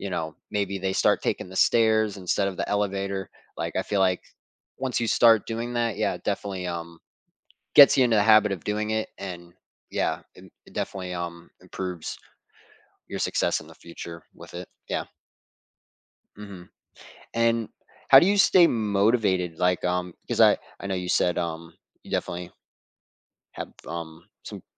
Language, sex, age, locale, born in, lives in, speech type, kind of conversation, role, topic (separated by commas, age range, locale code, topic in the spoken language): English, male, 20-24, en-US, United States, United States, conversation, podcast, host, What helps you stay resilient and keep moving forward when you face obstacles in life?